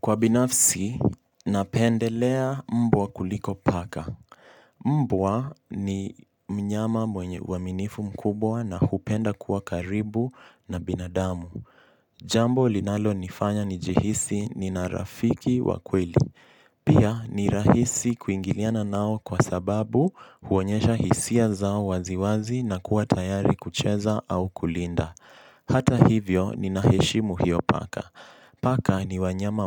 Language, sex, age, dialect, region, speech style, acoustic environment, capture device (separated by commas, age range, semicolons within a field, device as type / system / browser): Swahili, male, 18-24, Kenya - Mombasa, Kenya, focused, silence, mobile / Linux / Chrome